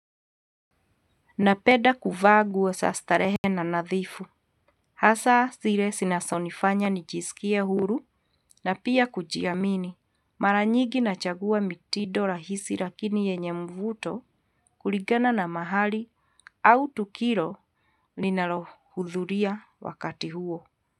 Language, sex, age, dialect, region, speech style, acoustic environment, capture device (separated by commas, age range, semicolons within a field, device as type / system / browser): Swahili, female, 45-54, Kenya - Nairobi Sheng-influenced, Kenya, happy, nature, mobile / Linux / Chrome